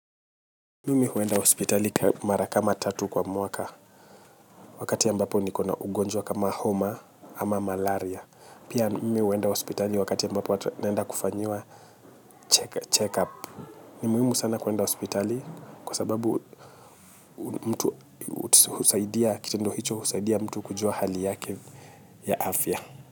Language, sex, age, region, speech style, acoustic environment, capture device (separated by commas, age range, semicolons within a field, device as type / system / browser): Swahili, male, 25-34, Kenya, happy, people talking, mobile / Linux / Chrome